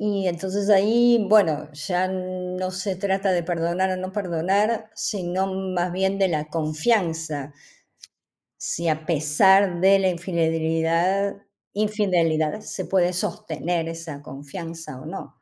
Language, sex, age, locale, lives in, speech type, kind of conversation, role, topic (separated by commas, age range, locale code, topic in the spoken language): Spanish, female, 55-59, es-AR, Netherlands, conversation, unstructured, none, ¿Se puede perdonar una infidelidad?